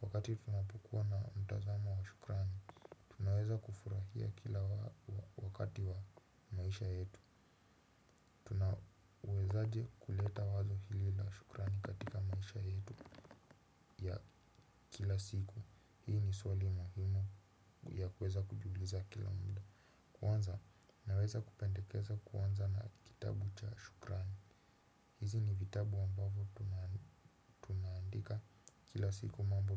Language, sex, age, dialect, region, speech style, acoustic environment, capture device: Swahili, male, 18-24, Kenya - Nairobi Sheng-influenced, Kenya, relaxed, silence, desktop / Windows / Chrome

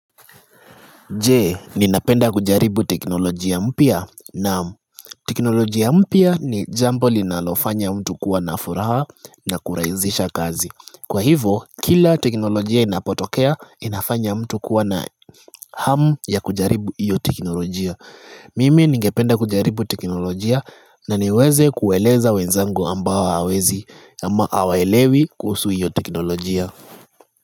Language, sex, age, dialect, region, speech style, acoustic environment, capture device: Swahili, male, 25-34, Kenya - Nairobi Sheng-influenced, Kenya, relaxed, nature; silence, desktop / Linux / Chrome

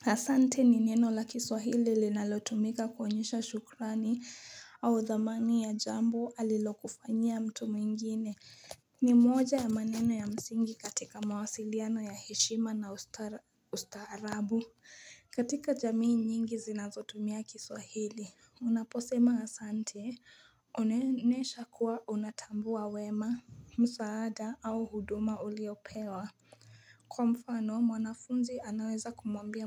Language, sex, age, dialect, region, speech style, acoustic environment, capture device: Swahili, female, 18-24, Kenya - Nairobi Sheng-influenced, Kenya, focused, nature; people talking, mobile / Linux / Chrome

